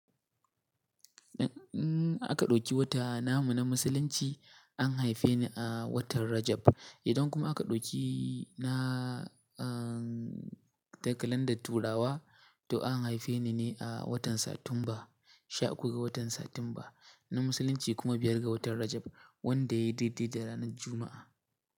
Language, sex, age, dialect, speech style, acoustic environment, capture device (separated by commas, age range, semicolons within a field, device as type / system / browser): Hausa, male, 18-24, Nigeria - Katsina, happy, silence, mobile / Linux / Chrome